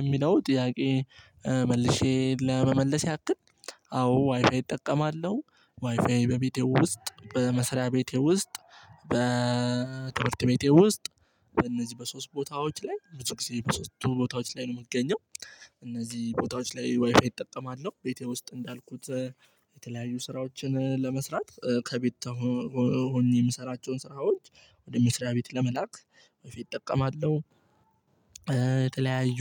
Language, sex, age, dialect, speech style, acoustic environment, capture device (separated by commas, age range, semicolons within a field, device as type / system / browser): Amharic, male, 18-24, Ethiopia - Addis Ababa, relaxed, nature, mobile / Linux / Chrome